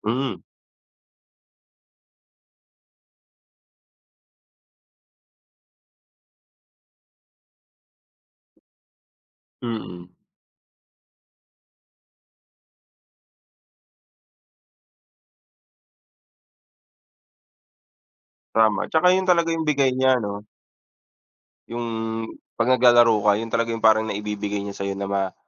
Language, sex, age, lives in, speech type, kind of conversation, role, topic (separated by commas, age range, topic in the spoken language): Filipino, male, 30-34, Philippines, conversation, unstructured, none, Ano ang pinaka-paborito mong libangan tuwing bakasyon?